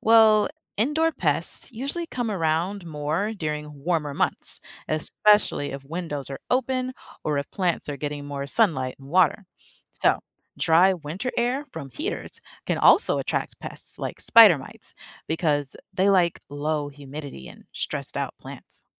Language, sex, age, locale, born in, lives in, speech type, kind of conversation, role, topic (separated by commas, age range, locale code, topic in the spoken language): English, female, 25-29, en-US, United States, United States, read, expert-assistant, expert, How do plants help keep the air clean?